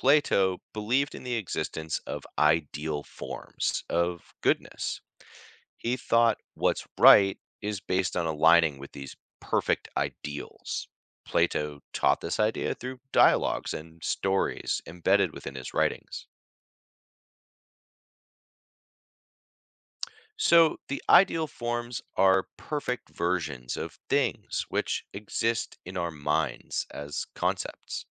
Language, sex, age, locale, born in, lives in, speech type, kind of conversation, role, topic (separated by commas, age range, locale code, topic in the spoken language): English, male, 35-39, en-US, United States, United States, read, expert-assistant, expert, How did ancient thinkers figure out what’s right or wrong?